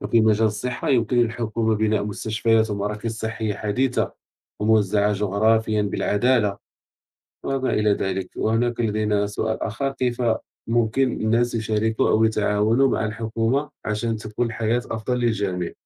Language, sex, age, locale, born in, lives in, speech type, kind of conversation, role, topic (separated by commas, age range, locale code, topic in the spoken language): Arabic, male, 30-34, ar-MA, Morocco, France, conversation, unstructured, none, إيه رأيك في دور الحكومة في تحسين حياة الناس؟